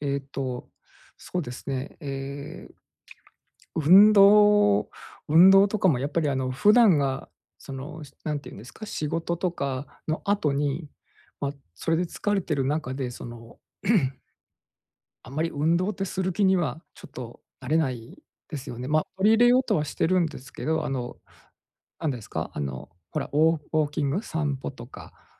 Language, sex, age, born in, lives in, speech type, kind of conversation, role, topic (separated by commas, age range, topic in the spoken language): Japanese, male, 45-49, Japan, Japan, conversation, advice, user, 寝つきが悪くて翌日疲れが取れないのですが、どうすれば改善できますか？